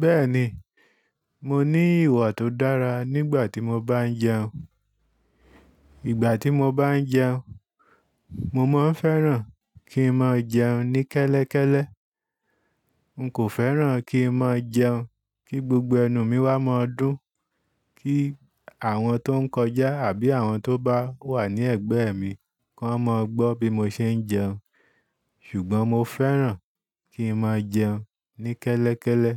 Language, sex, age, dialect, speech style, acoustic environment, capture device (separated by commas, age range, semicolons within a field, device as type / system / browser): Yoruba, male, 35-44, Nigeria - Ibadan, focused, silence, desktop / Linux / Chrome